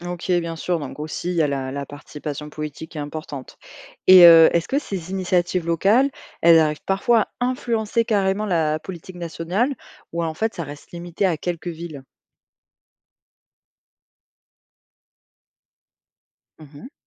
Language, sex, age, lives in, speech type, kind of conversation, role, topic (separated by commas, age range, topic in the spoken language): French, female, 25-29, France, read, expert-assistant, asker, Et si la France adoptait le système politique d’un autre pays ? Qu’est-ce qui changerait dans notre vie quotidienne ?